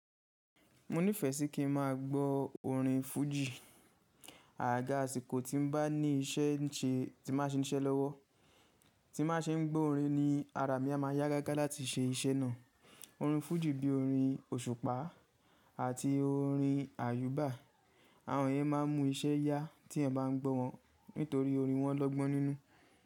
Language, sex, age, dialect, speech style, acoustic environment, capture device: Yoruba, male, 25-34, Nigeria - Ibadan, happy, nature; appliances, mobile / Linux / Chrome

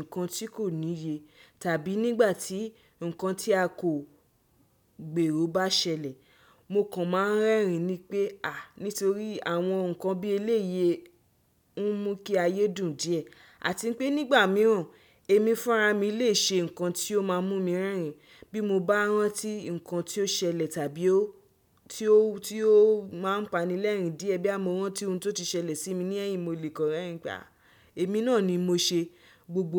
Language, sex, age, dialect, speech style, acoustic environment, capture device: Yoruba, female, 25-34, Nigeria - Nigerian Standard, focused, people talking, desktop / Windows / Chrome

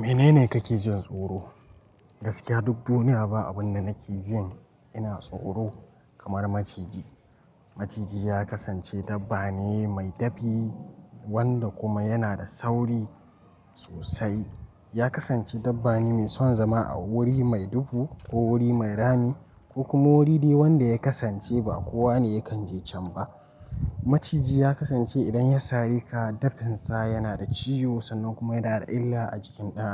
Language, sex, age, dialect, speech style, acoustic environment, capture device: Hausa, male, 18-24, Nigeria - Nigerian Standard, relaxed; focused, silence; nature, mobile / Linux / Chrome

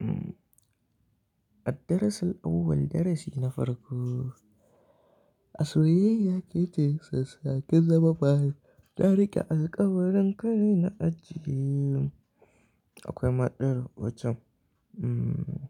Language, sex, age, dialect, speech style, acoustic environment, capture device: Hausa, male, 18-24, Nigeria - Kano, happy, appliances, mobile / Linux / Chrome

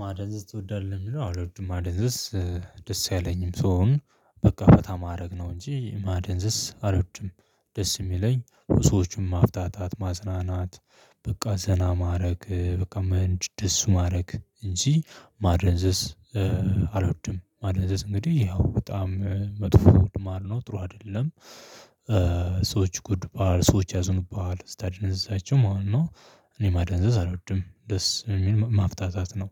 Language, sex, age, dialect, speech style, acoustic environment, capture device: Amharic, male, 25-34, Ethiopia - Addis Ababa, happy, people talking, mobile / Linux / Chrome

